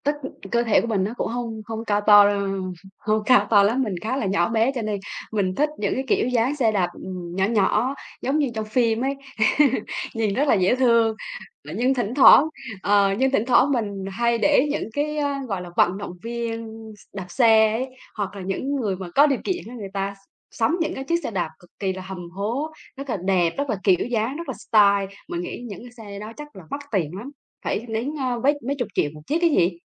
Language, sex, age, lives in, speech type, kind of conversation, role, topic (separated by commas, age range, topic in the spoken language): Vietnamese, female, 35-39, Vietnam, conversation, unstructured, none, Bạn nghĩ gì về việc đi xe đạp so với đi xe máy?